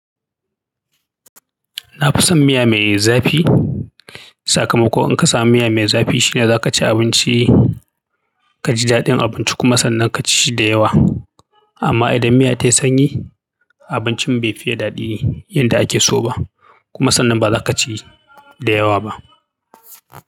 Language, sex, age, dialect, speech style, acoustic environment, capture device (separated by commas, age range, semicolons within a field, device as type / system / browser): Hausa, male, 35-44, Nigeria - Kano, happy, silence, mobile / Linux / Chrome